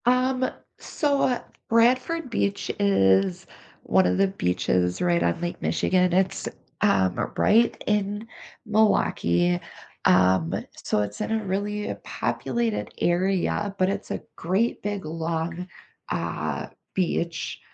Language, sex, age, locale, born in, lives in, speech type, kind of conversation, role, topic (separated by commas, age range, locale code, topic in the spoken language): English, female, 45-49, en-US, United States, United States, conversation, unstructured, none, How can you explore nearby in smart, low-impact ways that build local connections?